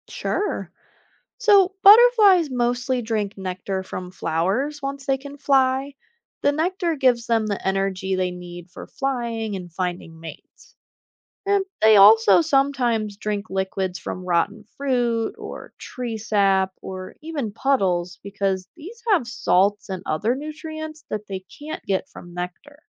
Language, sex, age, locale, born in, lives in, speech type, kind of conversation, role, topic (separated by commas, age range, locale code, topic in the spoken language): English, female, 25-29, en-US, United States, United States, read, expert-assistant, expert, What are the stages of butterfly metamorphosis?